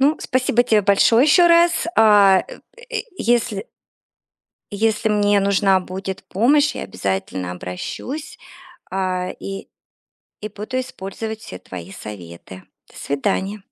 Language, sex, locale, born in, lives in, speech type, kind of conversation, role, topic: Russian, female, ru-RU, Russia, United States, conversation, advice, user, Как найти баланс между личным пространством и совместным временем в новых отношениях?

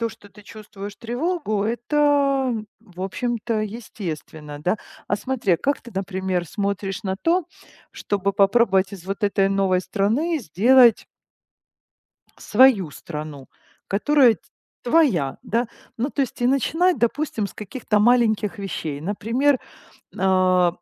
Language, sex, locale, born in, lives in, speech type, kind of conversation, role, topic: Russian, female, ru-RU, Ukraine, Italy, conversation, advice, advisor, Как перестать тревожиться из-за неопределённости будущего и научиться быть гибким?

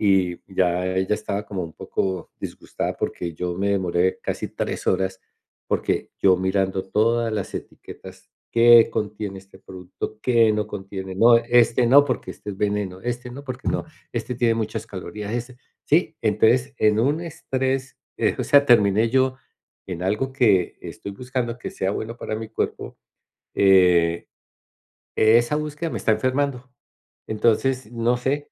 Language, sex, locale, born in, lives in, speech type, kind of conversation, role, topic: Spanish, male, es-CO, Colombia, United States, conversation, advice, user, ¿Cómo puedo equilibrar mi dieta y reducir el estrés sin depender tanto de estimulantes?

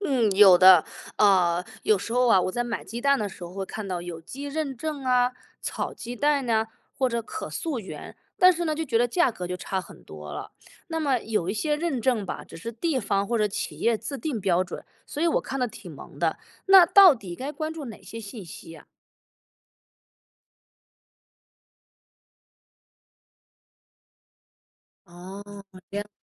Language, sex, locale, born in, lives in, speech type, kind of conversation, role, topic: Chinese, female, zh-CN, China, United States, read, expert-assistant, asker, 有人说吃草长大的牛肉更健康，这种说法的依据可信吗？我们该怎么看待这样的信息来源？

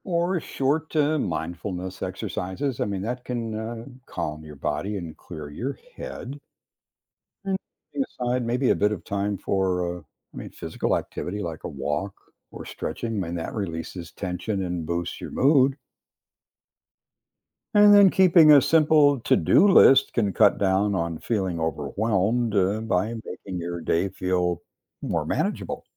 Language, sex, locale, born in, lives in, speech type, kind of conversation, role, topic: English, male, en-US, United States, United States, read, expert-assistant, expert, What are some effective ways to manage stress in daily life?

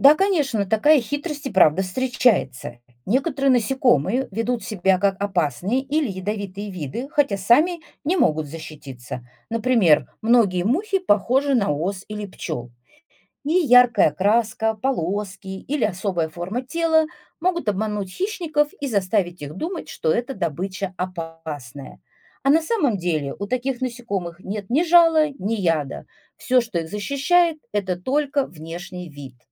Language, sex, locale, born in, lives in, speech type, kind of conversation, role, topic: Russian, female, ru-RU, Russia, Italy, read, expert-assistant, expert, Почему у некоторых насекомых есть жало?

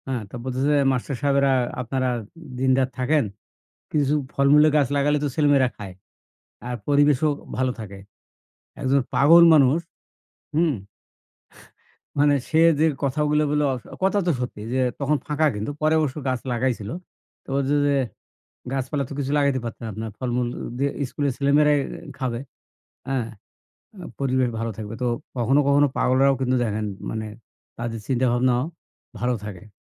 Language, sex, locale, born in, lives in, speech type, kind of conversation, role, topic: Bengali, male, bn-BD, Bangladesh, Bangladesh, conversation, unstructured, none, মানসিক অসুস্থতার কারণে মানুষকে অবজ্ঞা করা কি ঠিক?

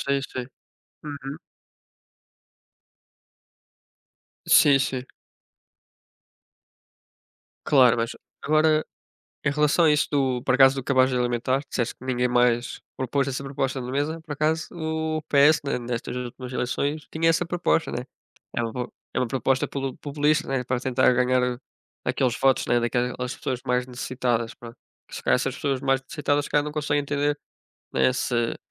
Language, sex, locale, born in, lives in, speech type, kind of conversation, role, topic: Portuguese, male, pt-PT, Portugal, Portugal, conversation, unstructured, none, Como a política pode afetar o dia a dia das pessoas?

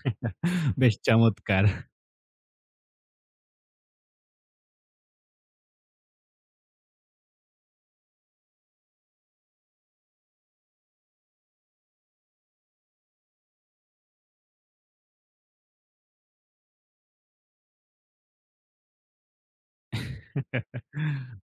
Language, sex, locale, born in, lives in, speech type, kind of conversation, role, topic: Bengali, male, bn-BD, Bangladesh, Bangladesh, conversation, podcast, host, লোকাল বা রাস্তার খাবার খুঁজে নেওয়ার অভিজ্ঞতা কেমন?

